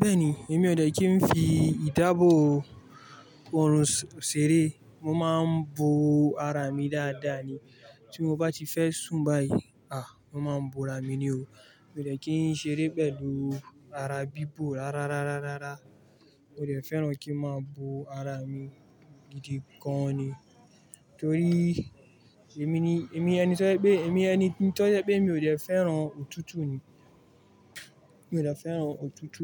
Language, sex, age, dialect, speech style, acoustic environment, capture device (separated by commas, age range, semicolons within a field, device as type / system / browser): Yoruba, male, 25-34, Nigeria - Kano, relaxed, traffic, mobile / Linux / Chrome